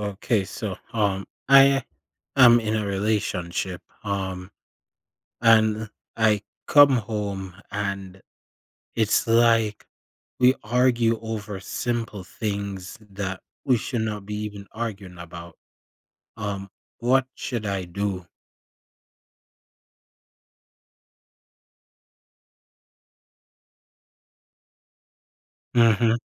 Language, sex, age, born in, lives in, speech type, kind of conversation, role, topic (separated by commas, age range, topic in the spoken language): English, male, 20-24, Jamaica, United States, conversation, advice, user, How can I improve communication with my partner so we argue less?